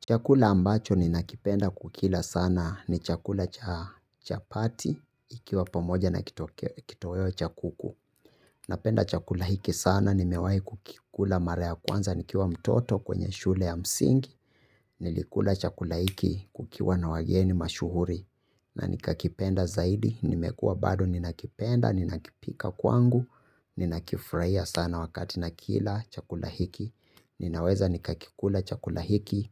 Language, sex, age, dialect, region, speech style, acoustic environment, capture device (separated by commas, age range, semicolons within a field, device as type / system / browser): Swahili, male, 25-34, Kenya - Nairobi Sheng-influenced, Kenya, happy, silence, mobile / Linux / Chrome